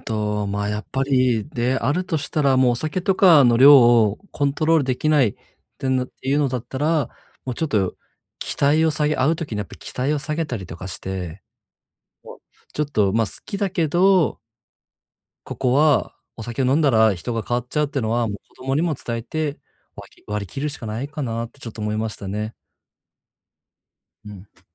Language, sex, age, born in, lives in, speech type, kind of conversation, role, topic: Japanese, male, 30-34, Japan, Japan, conversation, advice, advisor, 親族との関係で、どうやって適切な境界線を引けばいいですか？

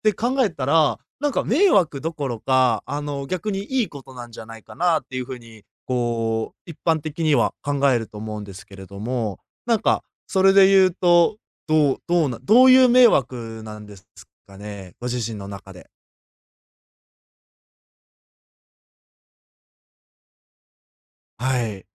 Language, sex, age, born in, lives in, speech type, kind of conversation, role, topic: Japanese, male, 20-24, Japan, Japan, conversation, advice, advisor, どうしたら助けを受け入れて人に頼れるようになりますか？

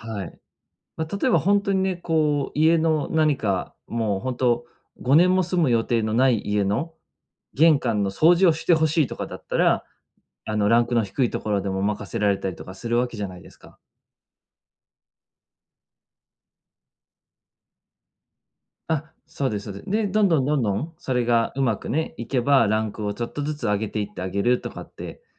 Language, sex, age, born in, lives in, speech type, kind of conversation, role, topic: Japanese, male, 30-34, Japan, Japan, conversation, advice, user, アイデアを事業化するにはどうすればよいですか？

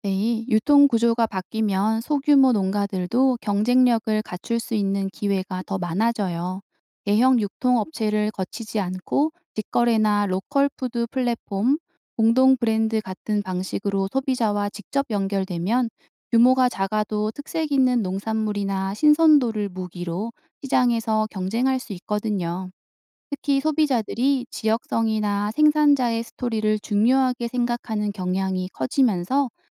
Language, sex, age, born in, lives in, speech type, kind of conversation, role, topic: Korean, female, 35-39, South Korea, South Korea, read, expert-assistant, expert, 지속 가능한 농업이란 무엇이고 왜 중요한가요?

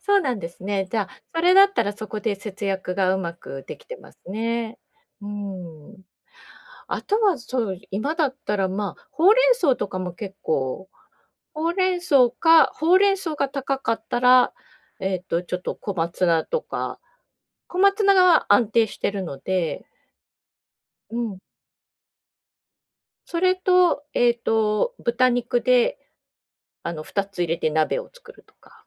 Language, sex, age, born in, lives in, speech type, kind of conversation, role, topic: Japanese, female, 50-54, Japan, Japan, conversation, advice, advisor, 予算内で栄養のある食事をとるにはどうすればよいですか？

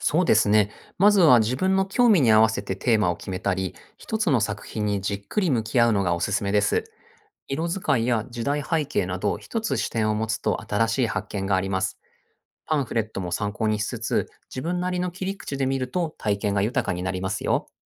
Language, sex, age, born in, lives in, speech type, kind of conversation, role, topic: Japanese, male, 40-44, Japan, Japan, read, expert-assistant, expert, 美術館で展示されている作品を、どんな順番やテーマで見ていくと、もっと楽しく理解できますか？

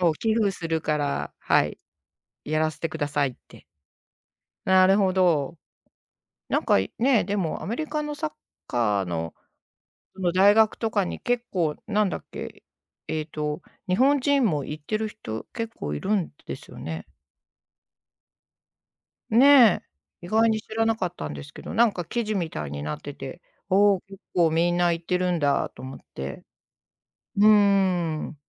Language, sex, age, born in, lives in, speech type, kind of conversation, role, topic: Japanese, female, 55-59, Japan, Japan, conversation, unstructured, none, もし宝くじが当たったら、何をしますか？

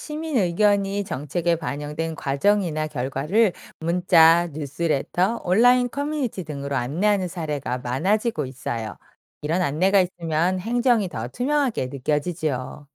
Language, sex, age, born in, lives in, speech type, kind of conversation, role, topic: Korean, female, 45-49, South Korea, France, read, expert-assistant, expert, 행정기관은 어떻게 시민과 더 쉽게 소통할 수 있을까요?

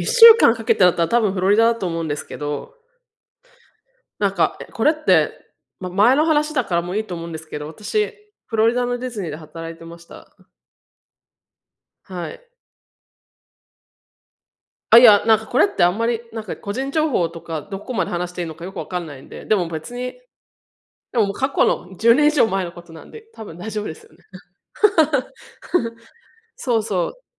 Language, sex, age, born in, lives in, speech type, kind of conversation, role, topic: Japanese, female, 35-39, Japan, United States, conversation, unstructured, none, お金と夢は、どちらが大切ですか？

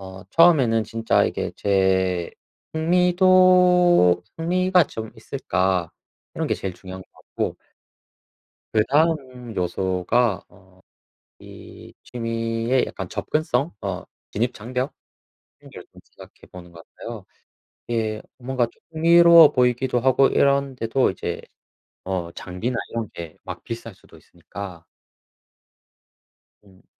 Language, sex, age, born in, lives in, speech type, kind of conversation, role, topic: Korean, male, 25-29, South Korea, South Korea, conversation, unstructured, none, 새로운 취미를 시작할 때 주로 어떤 점을 고려하시나요?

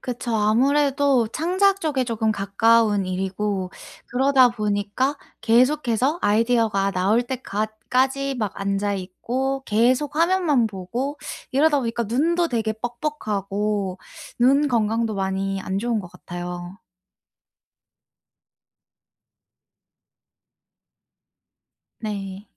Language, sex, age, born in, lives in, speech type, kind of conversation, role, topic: Korean, female, 25-29, South Korea, United States, conversation, advice, user, 일과 개인 생활의 균형을 어떻게 잘 맞출 수 있을까요?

